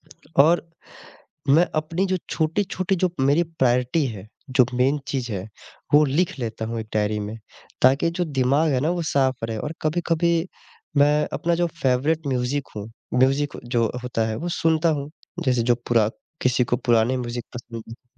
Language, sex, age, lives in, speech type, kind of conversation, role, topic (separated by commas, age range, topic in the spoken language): Hindi, male, 25-29, India, conversation, podcast, guest, Kab tumhari motivation kam ho jaati hai, aur us waqt tum kya karte ho?